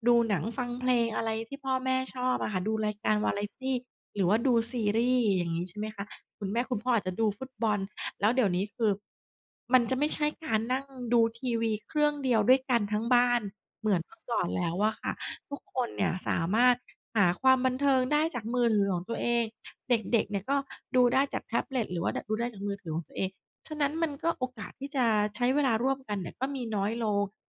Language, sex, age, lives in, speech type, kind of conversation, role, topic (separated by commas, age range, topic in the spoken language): Thai, female, 40-44, Thailand, conversation, podcast, guest, อะไรที่ทำให้เกิดช่องว่างระหว่างพ่อแม่กับลูกในยุคนี้?